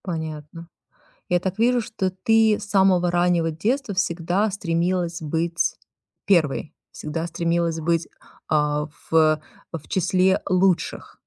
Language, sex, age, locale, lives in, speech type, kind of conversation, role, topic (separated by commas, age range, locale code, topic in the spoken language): Russian, female, 40-44, ru-RU, United States, conversation, advice, advisor, Как вы справляетесь с самокритикой и постоянным чувством недостаточности?